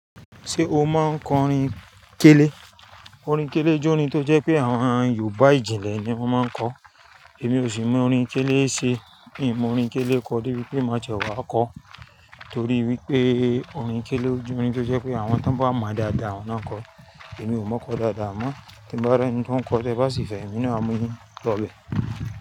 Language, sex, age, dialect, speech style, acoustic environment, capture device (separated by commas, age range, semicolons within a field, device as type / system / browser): Yoruba, female, 35-44, Nigeria - Lagos Yoruba, excited, traffic; nature, mobile / Linux / Chrome